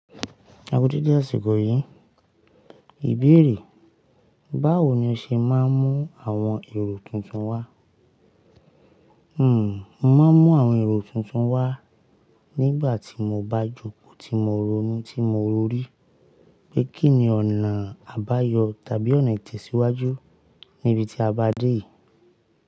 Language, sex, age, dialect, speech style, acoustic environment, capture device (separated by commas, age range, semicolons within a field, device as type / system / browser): Yoruba, male, 35-44, Nigeria - Ibadan, happy, silence, mobile / Linux / Chrome